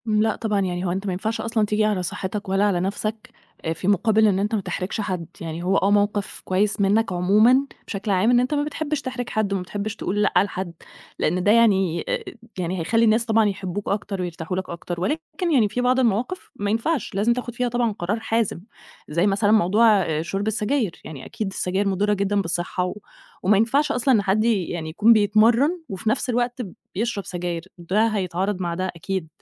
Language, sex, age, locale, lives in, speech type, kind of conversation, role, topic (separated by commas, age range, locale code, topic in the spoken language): Arabic, female, 30-34, ar-EG, Egypt, conversation, advice, advisor, إزاي أتغلب على إحساس الذنب لما أقول لأ للناس؟